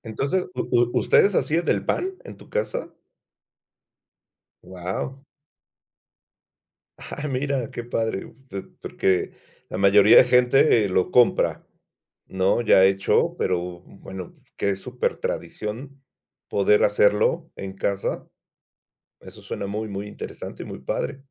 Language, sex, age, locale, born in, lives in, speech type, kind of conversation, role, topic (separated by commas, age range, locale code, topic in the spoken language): Spanish, male, 50-54, es-MX, Mexico, Mexico, conversation, podcast, host, ¿Cómo ha cambiado la tradición en tu familia a raíz de la migración?